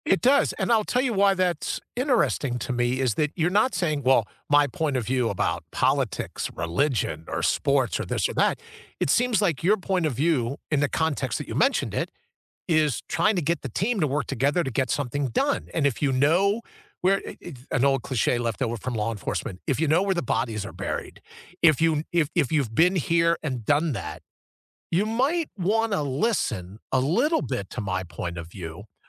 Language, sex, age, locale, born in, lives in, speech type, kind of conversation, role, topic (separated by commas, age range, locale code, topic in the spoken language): English, male, 60-64, en-US, United States, United States, conversation, unstructured, none, Have you ever had to convince someone to accept your point of view?